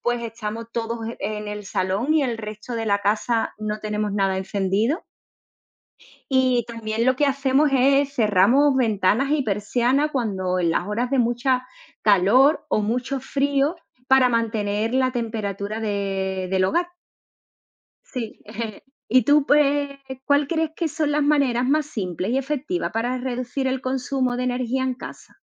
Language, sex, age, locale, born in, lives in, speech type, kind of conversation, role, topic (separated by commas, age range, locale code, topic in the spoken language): Spanish, female, 40-44, es-ES, Spain, Spain, conversation, unstructured, none, ¿Qué harías para ahorrar energía en tu hogar?